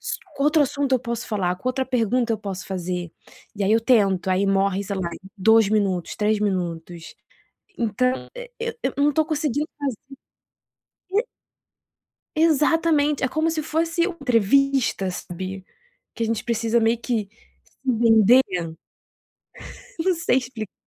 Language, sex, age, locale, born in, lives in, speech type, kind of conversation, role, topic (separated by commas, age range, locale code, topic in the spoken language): Portuguese, female, 25-29, pt-BR, Brazil, France, conversation, advice, user, Por que tenho dificuldade em manter conversas significativas com pessoas novas?